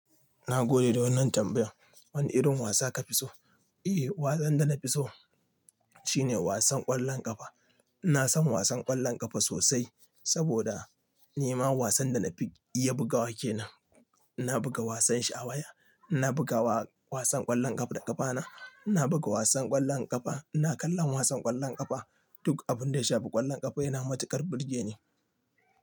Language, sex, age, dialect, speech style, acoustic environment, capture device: Hausa, male, 25-34, Nigeria - Nigerian Standard, relaxed, nature, mobile / Linux / Chrome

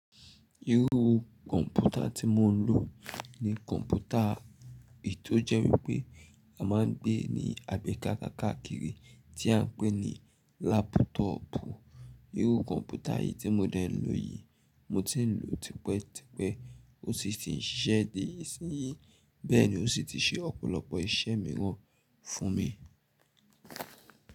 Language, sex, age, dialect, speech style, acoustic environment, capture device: Yoruba, male, 25-34, Nigeria - Nigerian Standard, happy, nature, mobile / Linux / Chrome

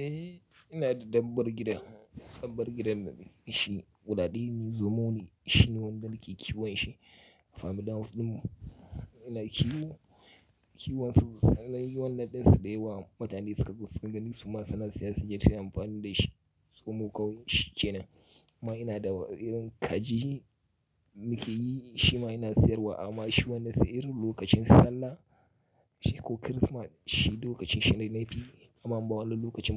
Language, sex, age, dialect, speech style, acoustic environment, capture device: Hausa, male, 35-44, Nigeria - Kano, happy, nature, mobile / Linux / Chrome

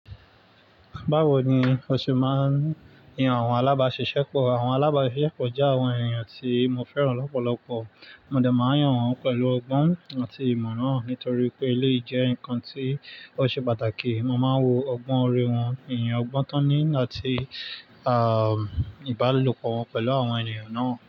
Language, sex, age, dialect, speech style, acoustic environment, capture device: Yoruba, male, 25-34, Nigeria - Lagos Yoruba, relaxed, nature, mobile / Linux / Chrome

